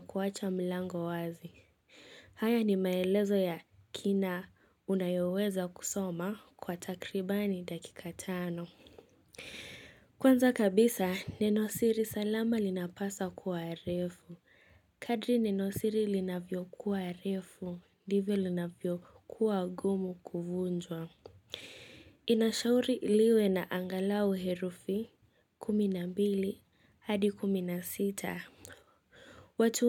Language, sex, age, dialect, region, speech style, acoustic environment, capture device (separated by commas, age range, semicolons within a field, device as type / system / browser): Swahili, female, 18-24, Kenya - Mombasa, Kenya, nervous, silence, mobile / Linux / Chrome